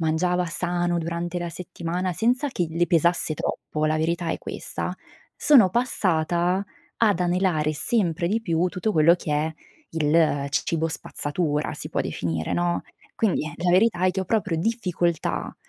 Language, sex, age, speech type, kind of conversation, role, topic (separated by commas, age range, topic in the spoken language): Italian, female, 25-29, conversation, advice, user, Perché mi capita di saltare pasti sani e scegliere spesso cibo spazzatura?